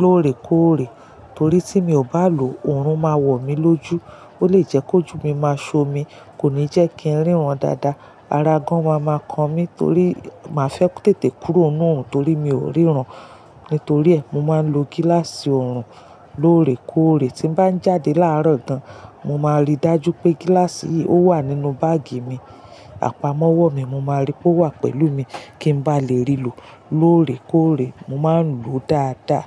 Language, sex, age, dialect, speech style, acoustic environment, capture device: Yoruba, female, 35-44, Nigeria - Lagos Yoruba, relaxed, silence, mobile / Linux / Chrome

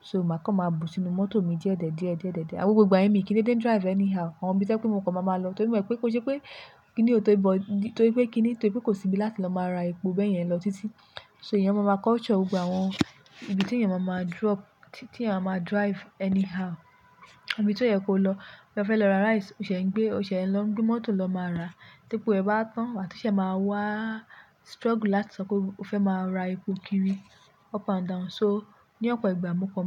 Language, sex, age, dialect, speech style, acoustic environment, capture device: Yoruba, female, 25-34, Nigeria - Lagos Yoruba, relaxed, nature, mobile / Linux / Chrome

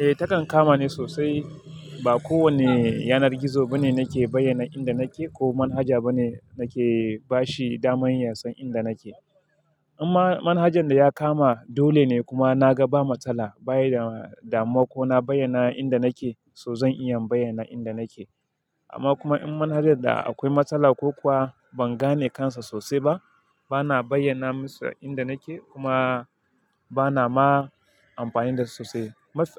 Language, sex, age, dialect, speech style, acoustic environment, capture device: Hausa, male, 25-34, Nigeria - Kano, happy; excited; focused, silence; people talking, mobile / Linux / Chrome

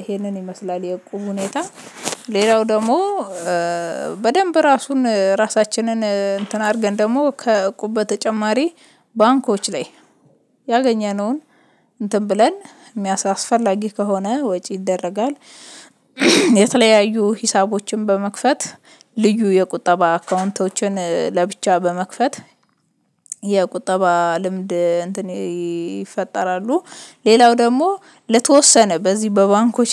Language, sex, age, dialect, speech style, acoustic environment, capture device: Amharic, female, 25-34, Ethiopia - Addis Ababa, happy, silence, mobile / Linux / Chrome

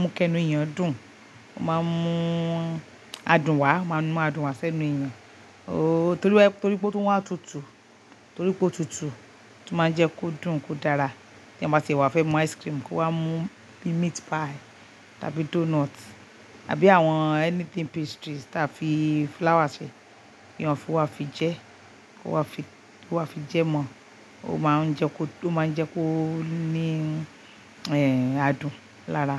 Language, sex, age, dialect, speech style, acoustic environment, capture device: Yoruba, female, 45-54, Nigeria - Lagos Yoruba, happy, silence, mobile / Linux / Chrome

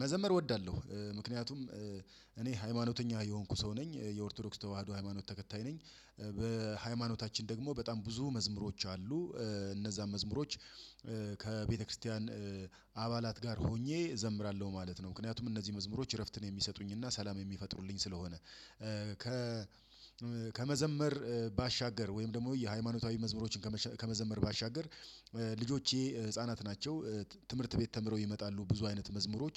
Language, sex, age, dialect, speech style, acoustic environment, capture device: Amharic, male, 35-44, Ethiopia - Addis Ababa, excited, silence, desktop / Windows / Chrome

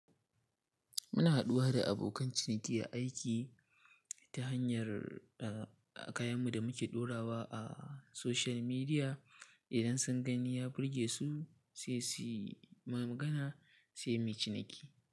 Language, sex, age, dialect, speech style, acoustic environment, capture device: Hausa, male, 18-24, Nigeria - Katsina, happy, silence, mobile / Linux / Chrome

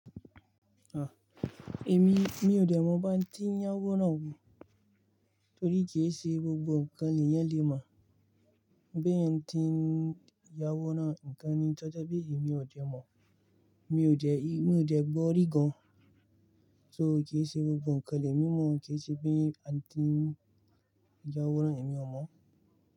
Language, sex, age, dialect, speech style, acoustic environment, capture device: Yoruba, male, 25-34, Nigeria - Kano, relaxed, silence, mobile / Linux / Chrome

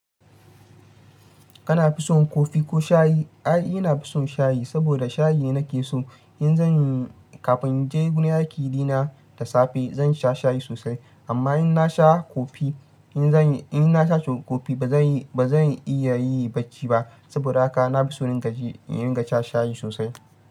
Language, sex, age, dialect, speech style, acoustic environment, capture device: Hausa, male, 25-34, Nigeria - Ibadan, happy, nature, mobile / Linux / Chrome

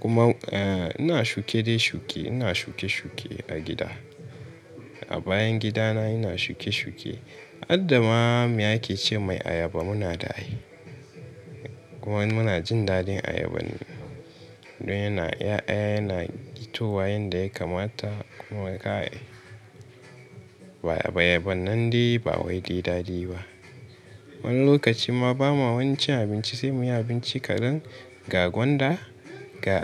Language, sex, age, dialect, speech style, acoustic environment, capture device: Hausa, male, 18-24, Nigeria - Katsina, stressed, people talking, mobile / Linux / Chrome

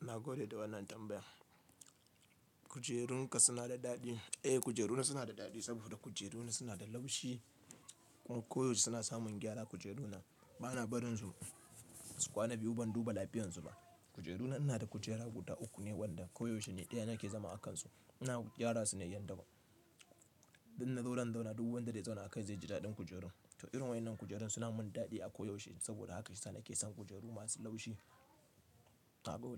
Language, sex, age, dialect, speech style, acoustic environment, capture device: Hausa, male, 25-34, Nigeria - Kano, happy; relaxed, nature, mobile / Linux / Chrome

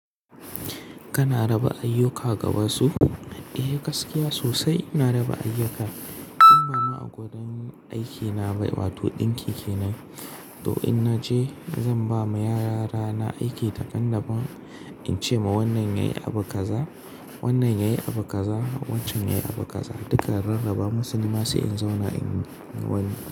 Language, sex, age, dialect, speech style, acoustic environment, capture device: Hausa, male, 25-34, Nigeria - Kano, excited; focused; relaxed; happy, nature, mobile / Linux / Chrome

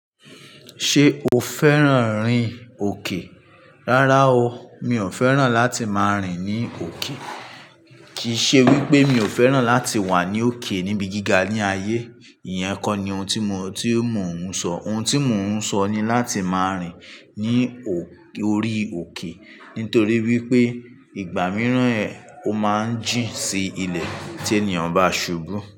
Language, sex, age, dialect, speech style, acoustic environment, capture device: Yoruba, male, 25-34, Nigeria - Ibadan, anxious; relaxed; focused; excited, traffic; construction; appliances; people talking; nature, mobile / Linux / Chrome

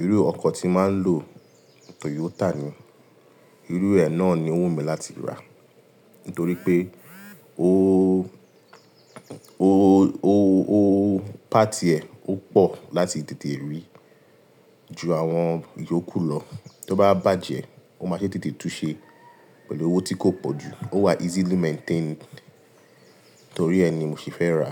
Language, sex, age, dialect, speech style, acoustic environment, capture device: Yoruba, male, 25-34, Nigeria - Lagos Yoruba, relaxed, appliances, mobile / Linux / Chrome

